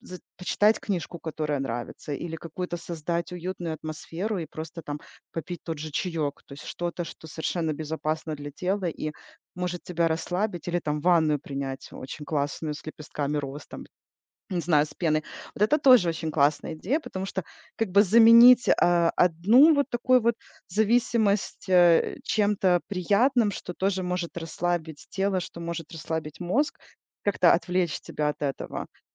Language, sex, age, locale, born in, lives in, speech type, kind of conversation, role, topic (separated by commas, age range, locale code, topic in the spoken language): Russian, female, 40-44, ru-RU, Ukraine, United States, conversation, advice, user, Почему мне часто хочется мгновенно тратить деньги на ненужные вещи?